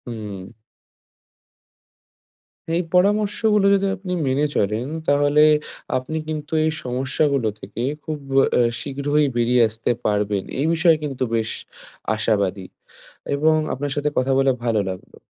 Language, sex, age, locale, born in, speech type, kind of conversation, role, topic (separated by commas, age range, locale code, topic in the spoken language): Bengali, male, 20-24, bn-IN, India, conversation, advice, advisor, পরিবারের সবাইকে খুশি রাখতে পুষ্টিকর খাবার বানাতে আপনার কি চাপ লাগে?